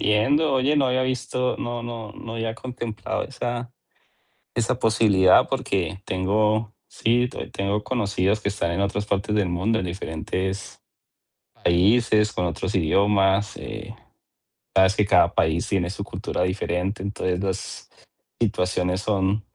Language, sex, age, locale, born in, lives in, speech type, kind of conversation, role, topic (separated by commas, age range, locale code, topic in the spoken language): Spanish, male, 40-44, es-CO, Colombia, United States, conversation, advice, user, ¿Cómo puedo alcanzar metas materiales sin sentir satisfacción personal?